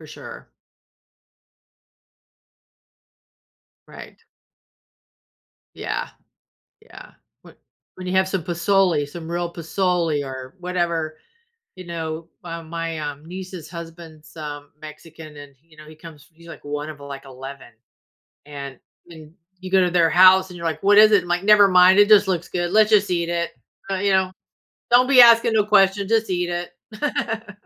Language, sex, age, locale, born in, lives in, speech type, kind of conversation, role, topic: English, female, 55-59, en-US, United States, United States, conversation, podcast, host, How does sharing and preparing food help communities express and preserve their cultural heritage?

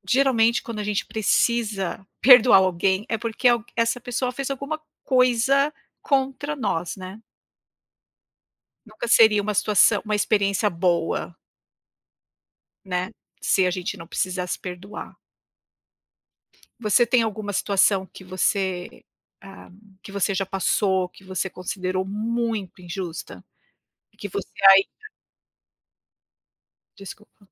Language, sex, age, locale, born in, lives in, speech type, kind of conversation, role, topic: Portuguese, female, 55-59, pt-BR, Brazil, United States, conversation, unstructured, none, Qual foi a coisa mais injusta que já aconteceu com você?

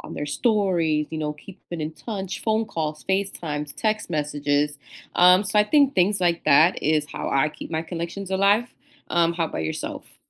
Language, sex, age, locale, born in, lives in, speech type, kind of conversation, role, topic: English, female, 30-34, en-US, United States, United States, conversation, unstructured, none, How do you keep connections alive, from handwritten letters to today's DMs?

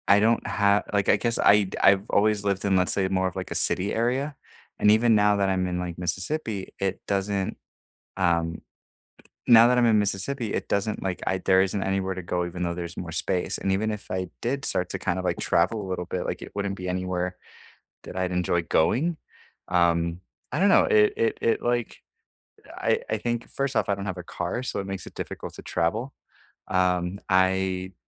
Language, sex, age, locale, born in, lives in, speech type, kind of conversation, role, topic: English, male, 30-34, en-US, United States, United States, conversation, unstructured, none, What nearby place do you go to for a quick escape, and what memories or routines make it special to you?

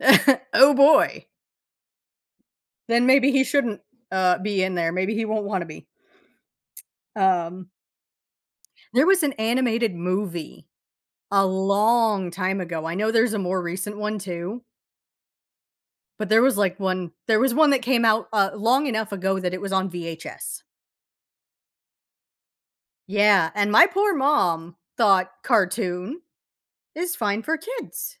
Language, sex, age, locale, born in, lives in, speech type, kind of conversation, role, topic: English, female, 35-39, en-US, United States, United States, conversation, podcast, host, Why do certain memories bring us lasting happiness?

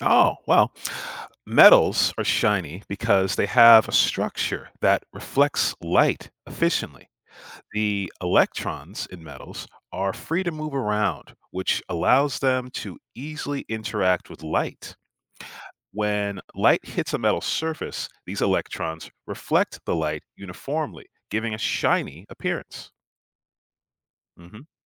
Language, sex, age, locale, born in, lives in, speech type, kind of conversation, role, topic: English, male, 35-39, en-US, United States, United States, read, expert-assistant, expert, What makes certain metals shiny?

